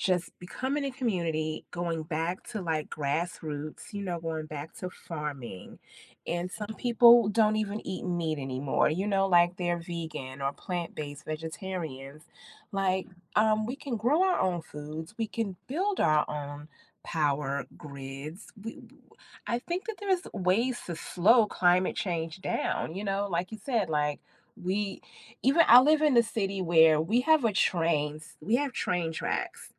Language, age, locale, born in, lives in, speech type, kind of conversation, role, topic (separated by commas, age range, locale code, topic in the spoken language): English, 40-44, en-US, United States, United States, conversation, unstructured, none, What concerns you most about the impact of climate change on our future?